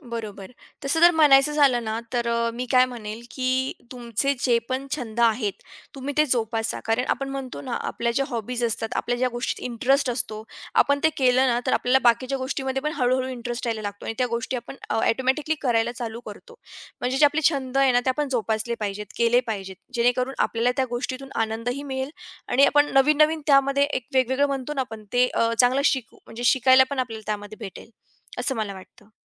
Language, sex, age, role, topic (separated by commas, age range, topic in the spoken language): Marathi, female, 20-24, guest, पुन्हा एखादा छंद सुरू करताना पहिला टप्पा कोणता असतो?